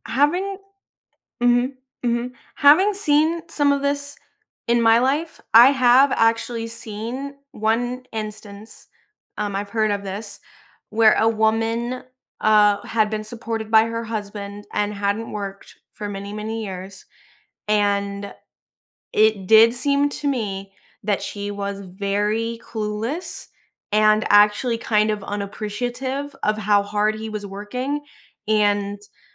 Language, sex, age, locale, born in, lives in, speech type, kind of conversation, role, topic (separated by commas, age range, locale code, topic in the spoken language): English, female, 25-29, en-US, United States, United States, conversation, advice, advisor, How can I improve communication with my partner so we both feel understood?